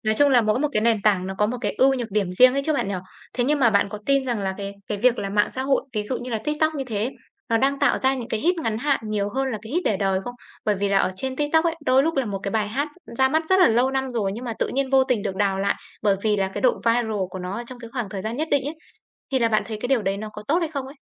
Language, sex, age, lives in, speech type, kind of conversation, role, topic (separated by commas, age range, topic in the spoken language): Vietnamese, female, 25-29, Vietnam, conversation, podcast, host, Bạn nghĩ mạng xã hội đang thay đổi gu nghe nhạc như thế nào?